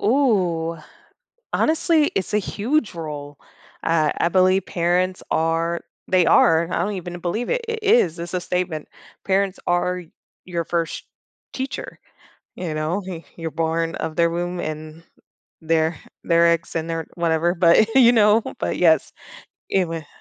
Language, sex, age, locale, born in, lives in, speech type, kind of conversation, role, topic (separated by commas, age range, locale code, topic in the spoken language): English, female, 30-34, en-US, United States, United States, conversation, podcast, guest, How can parents best support their child's learning and development at home?